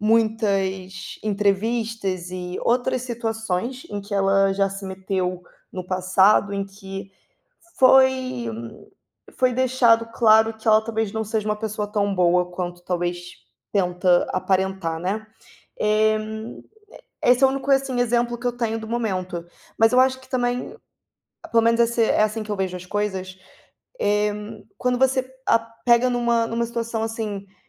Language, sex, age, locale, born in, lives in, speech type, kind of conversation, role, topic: Portuguese, female, 25-29, pt-BR, Brazil, Portugal, conversation, unstructured, none, Quando um artista comete erros graves, devemos continuar consumindo a sua obra?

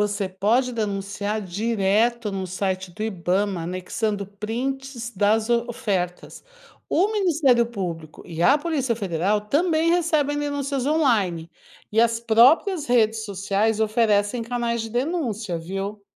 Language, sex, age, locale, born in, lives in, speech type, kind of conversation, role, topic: Portuguese, female, 55-59, pt-BR, Brazil, United States, read, expert-assistant, expert, Quais são as soluções mais eficazes para evitar o tráfico de animais silvestres no Brasil e como cada pessoa pode contribuir para essa prevenção no dia a dia?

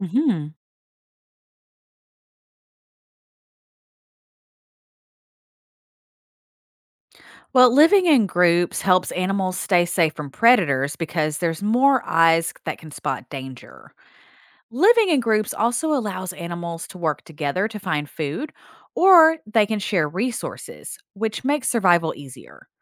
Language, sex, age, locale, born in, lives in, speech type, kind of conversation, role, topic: English, female, 40-44, en-US, United States, United States, read, expert-assistant, expert, Why do some animals live in groups?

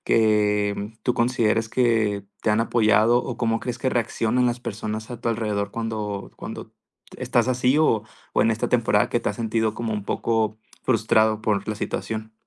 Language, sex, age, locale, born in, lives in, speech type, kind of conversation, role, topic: Spanish, male, 20-24, es-MX, Mexico, Mexico, conversation, advice, advisor, ¿Cómo puedo mantener una amistad con mi ex mientras intento sanar?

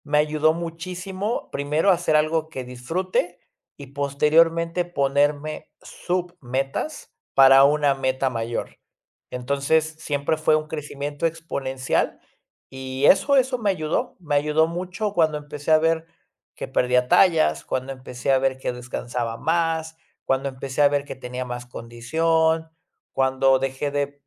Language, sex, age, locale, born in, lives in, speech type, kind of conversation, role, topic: Spanish, male, 30-34, es-MX, Mexico, Mexico, conversation, podcast, guest, ¿Qué actividad te hace perder la noción del tiempo?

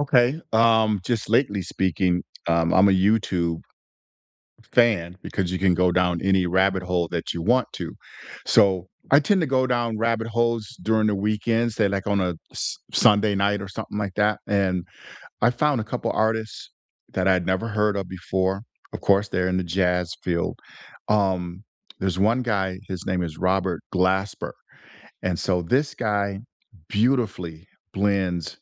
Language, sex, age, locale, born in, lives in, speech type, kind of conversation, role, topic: English, male, 60-64, en-US, United States, United States, conversation, unstructured, none, How do you usually discover new music—through playlists, the radio, or friends' recommendations?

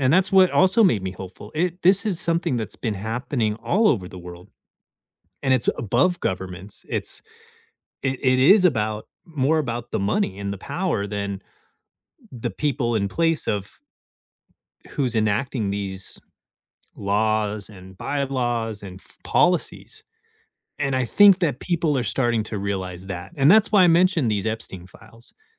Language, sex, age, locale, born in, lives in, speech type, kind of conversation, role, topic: English, male, 35-39, en-US, United States, United States, conversation, unstructured, none, What recent news story made you feel hopeful?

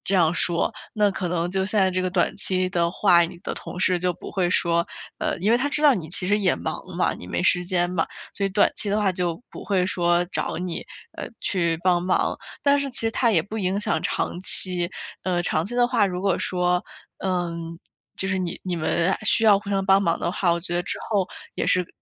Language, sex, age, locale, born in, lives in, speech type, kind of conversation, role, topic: Chinese, female, 25-29, zh-CN, China, United States, conversation, advice, advisor, 我怎样才能有礼貌地拒绝别人分配的额外任务？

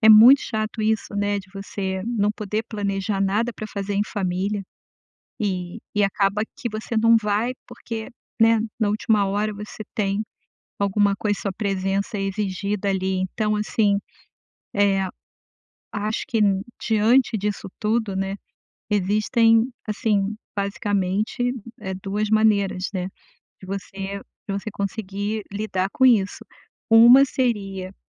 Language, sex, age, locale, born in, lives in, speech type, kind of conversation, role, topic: Portuguese, female, 55-59, pt-BR, Brazil, United States, conversation, advice, advisor, Como posso adaptar minha rotina a mudanças inesperadas?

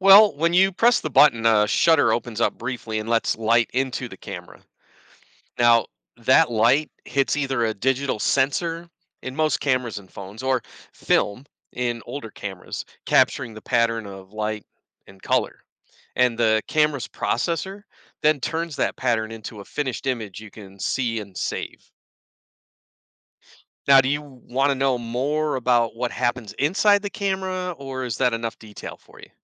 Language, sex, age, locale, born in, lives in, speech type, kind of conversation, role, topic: English, male, 50-54, en-US, United States, United States, read, expert-assistant, expert, How do cameras and phones capture and process images, especially in low light?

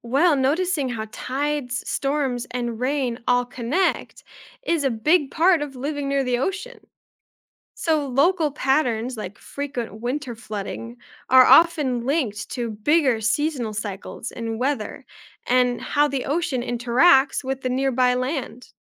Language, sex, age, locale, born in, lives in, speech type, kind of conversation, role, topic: English, female, 20-24, en-US, United States, United States, read, expert-assistant, expert, Why do we have tides in the ocean?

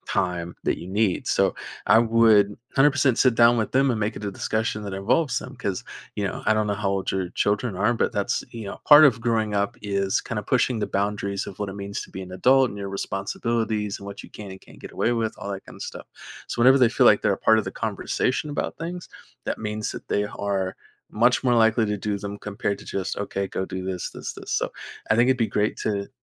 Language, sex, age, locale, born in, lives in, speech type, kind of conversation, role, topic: English, male, 20-24, en-US, United States, United States, conversation, advice, advisor, How can I balance school, family, and hobbies without burning out?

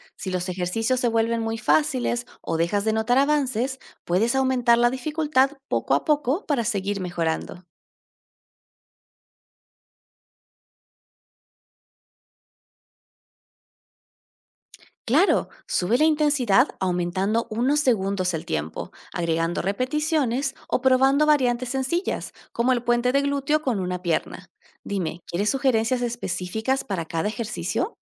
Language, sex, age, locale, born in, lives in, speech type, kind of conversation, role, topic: Spanish, female, 30-34, es-AR, Argentina, Netherlands, read, expert-assistant, expert, ¿Cómo puedo identificar y corregir hábitos posturales que afectan mi bienestar físico?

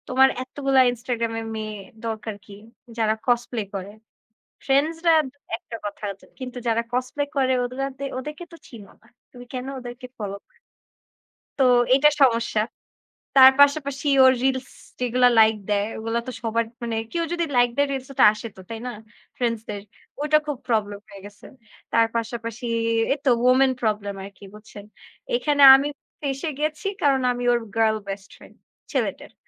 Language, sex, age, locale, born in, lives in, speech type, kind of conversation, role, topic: Bengali, female, 20-24, bn-BD, Bangladesh, Bangladesh, conversation, unstructured, none, তোমার মতে একটি সম্পর্ক টিকিয়ে রাখতে কী করা উচিত?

